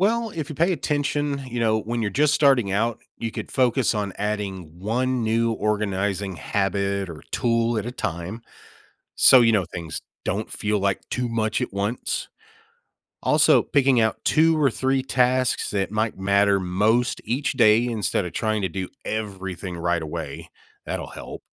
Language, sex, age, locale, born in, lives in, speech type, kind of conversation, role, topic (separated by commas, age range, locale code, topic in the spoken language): English, male, 35-39, en-US, United States, United States, read, expert-assistant, expert, What steps can you take to become more organized?